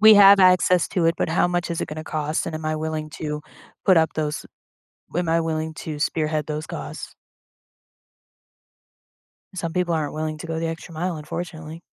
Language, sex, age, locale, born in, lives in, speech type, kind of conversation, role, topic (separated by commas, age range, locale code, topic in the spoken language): English, female, 25-29, en-US, United States, United States, conversation, podcast, guest, In what ways is climate change reshaping our environment and daily lives?